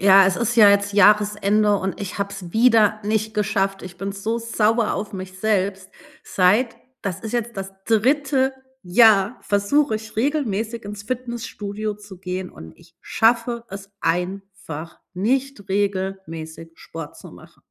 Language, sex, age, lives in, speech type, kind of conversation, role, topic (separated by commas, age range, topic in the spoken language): German, female, 40-44, Germany, conversation, advice, user, Wie plane ich mein Training nach einer längeren Pause langfristig?